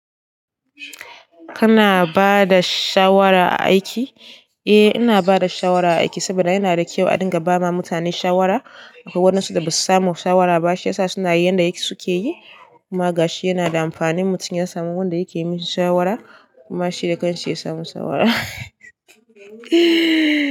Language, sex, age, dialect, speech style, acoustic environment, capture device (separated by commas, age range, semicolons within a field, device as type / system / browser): Hausa, female, 18-24, Nigeria - Katsina, happy, silence, desktop / Linux / Chrome